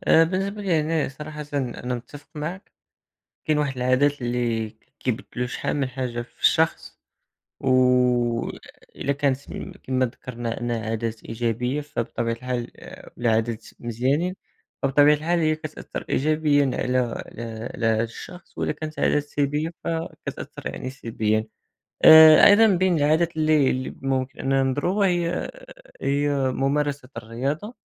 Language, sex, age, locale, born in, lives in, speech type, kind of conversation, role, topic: Arabic, male, 18-19, ar-MA, Morocco, France, conversation, unstructured, none, إزاي العادات اليومية بتأثر على مستقبلك؟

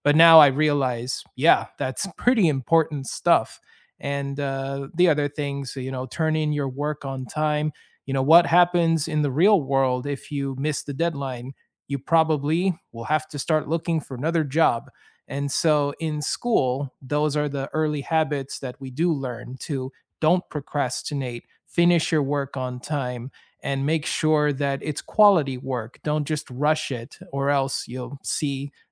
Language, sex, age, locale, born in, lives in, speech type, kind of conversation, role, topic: English, male, 30-34, en-US, United States, United States, conversation, unstructured, none, What is the most useful thing school actually taught you, and how does it show up in your life now?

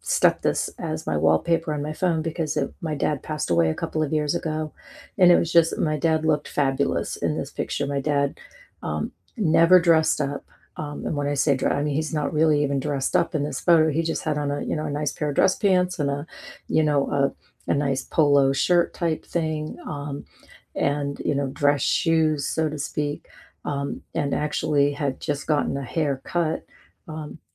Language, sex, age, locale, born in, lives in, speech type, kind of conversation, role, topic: English, female, 55-59, en-US, United States, United States, conversation, unstructured, none, What’s the story behind your favorite photo on your phone?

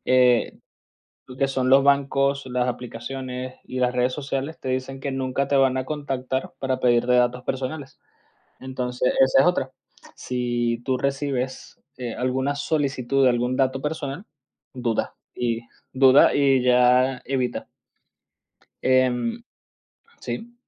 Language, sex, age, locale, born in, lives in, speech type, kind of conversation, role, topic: Spanish, male, 30-34, es-VE, Venezuela, Spain, conversation, podcast, guest, ¿Cómo te proteges de las estafas y los fraudes en línea?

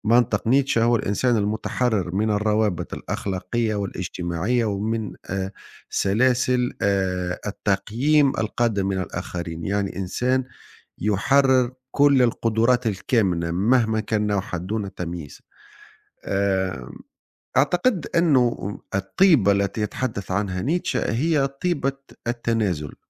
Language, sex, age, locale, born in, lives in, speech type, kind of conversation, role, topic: Arabic, male, 40-44, ar-TN, Tunisia, Italy, conversation, unstructured, none, هل إنت شايف إن الناس بتتولد طيبين ولا بيتعلموا الطيبة؟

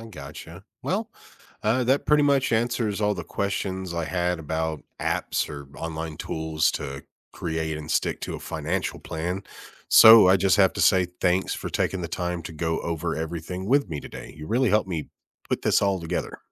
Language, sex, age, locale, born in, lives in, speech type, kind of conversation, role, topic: English, male, 40-44, en-US, United States, United States, read, expert-assistant, asker, Are there any apps or online tools that can help me create and stick to a financial plan?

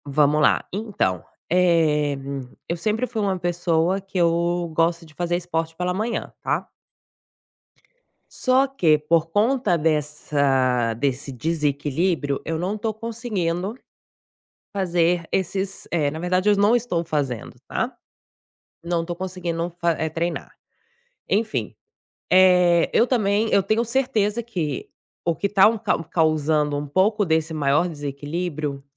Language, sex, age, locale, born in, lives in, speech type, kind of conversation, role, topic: Portuguese, female, 35-39, pt-BR, Brazil, Spain, conversation, advice, user, Como você tenta equilibrar estudos, trabalho e vida pessoal?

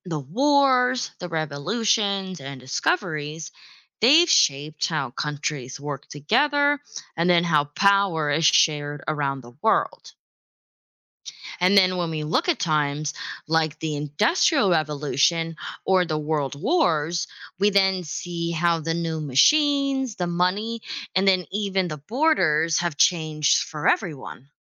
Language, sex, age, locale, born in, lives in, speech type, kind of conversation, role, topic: English, female, 25-29, en-US, United States, United States, read, expert-assistant, expert, Why is learning about history important?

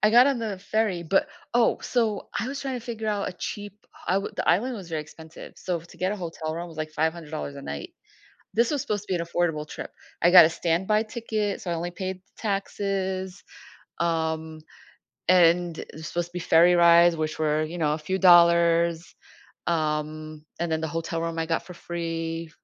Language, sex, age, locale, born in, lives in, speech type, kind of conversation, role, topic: English, female, 50-54, en-US, United States, United States, conversation, podcast, guest, How have unexpected experiences shaped your outlook on life?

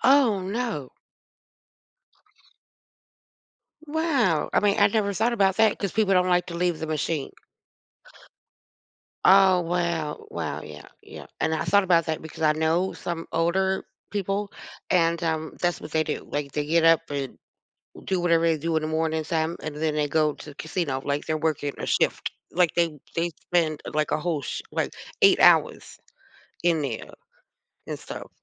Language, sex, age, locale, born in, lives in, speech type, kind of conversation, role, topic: English, female, 45-49, en-US, United States, United States, conversation, unstructured, none, How can we recognize and change habits that make us less productive?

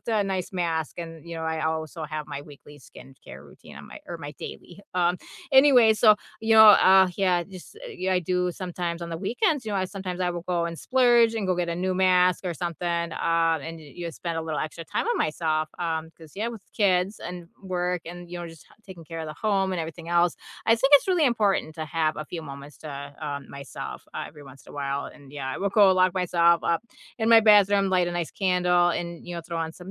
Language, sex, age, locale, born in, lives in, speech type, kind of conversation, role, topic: English, female, 35-39, en-US, United States, United States, conversation, unstructured, none, What are your favorite ways to unwind after a busy week?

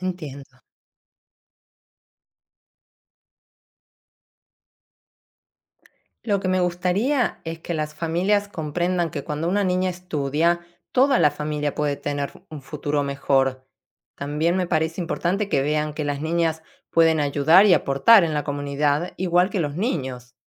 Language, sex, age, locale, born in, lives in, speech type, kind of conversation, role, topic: Spanish, female, 45-49, es-AR, Argentina, Italy, read, expert-assistant, asker, ¿Por qué es importante la igualdad de género en la educación?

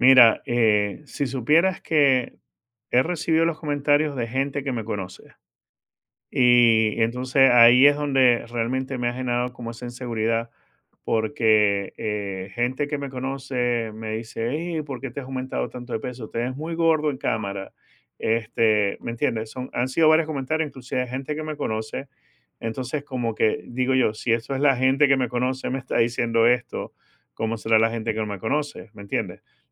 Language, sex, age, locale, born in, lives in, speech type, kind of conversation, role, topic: Spanish, male, 50-54, es-VE, Venezuela, United States, conversation, advice, user, ¿De qué manera dejas pasar oportunidades por miedo a que te juzguen en redes sociales?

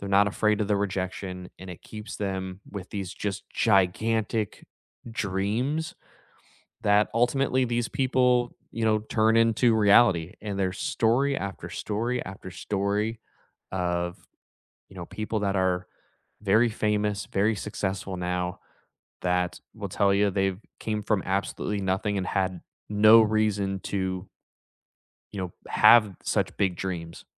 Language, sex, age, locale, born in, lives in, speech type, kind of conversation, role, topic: English, male, 30-34, en-US, United States, United States, conversation, unstructured, none, What is the best piece of advice you still live by, and how has it shaped you?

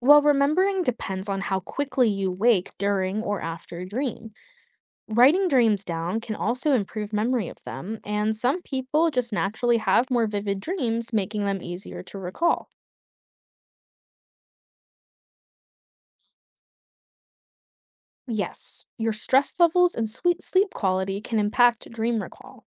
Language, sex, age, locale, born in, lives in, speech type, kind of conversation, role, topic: English, female, 25-29, en-US, United States, United States, read, expert-assistant, expert, What is the significance of dreams in psychology?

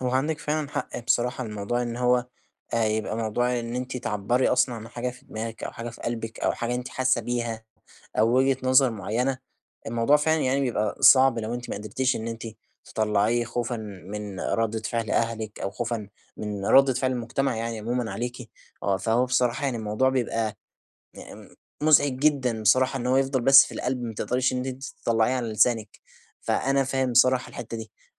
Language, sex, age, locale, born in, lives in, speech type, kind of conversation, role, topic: Arabic, male, 20-24, ar-EG, Egypt, Egypt, conversation, advice, advisor, إزاي أعبّر عن اهتماماتي الحقيقية قدّام توقعات أهلي والمجتمع من غير ما أخسر هويتي؟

